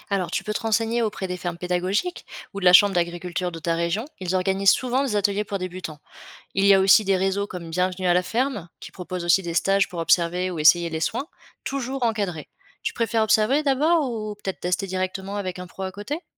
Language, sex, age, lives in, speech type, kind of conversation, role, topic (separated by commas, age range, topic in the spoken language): French, female, 25-29, France, read, expert-assistant, expert, Quelles sont les bases à connaître pour bien s’occuper d’un troupeau aujourd’hui ?